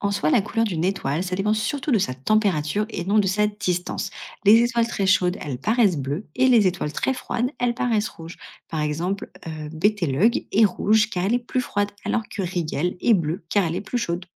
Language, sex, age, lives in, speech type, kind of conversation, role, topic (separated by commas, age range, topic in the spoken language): French, female, 30-34, France, read, expert-assistant, expert, Si tu pouvais inventer une façon sympa de mieux comprendre les étoiles, qu’est-ce que tu proposerais ?